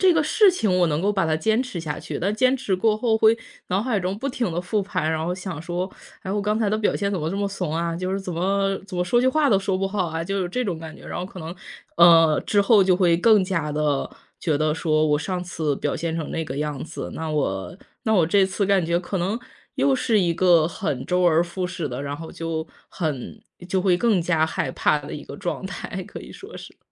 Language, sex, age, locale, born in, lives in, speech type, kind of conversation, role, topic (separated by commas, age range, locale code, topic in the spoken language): Chinese, female, 30-34, zh-CN, China, United States, conversation, advice, user, 你总担心别人怎么看你，该如何应对？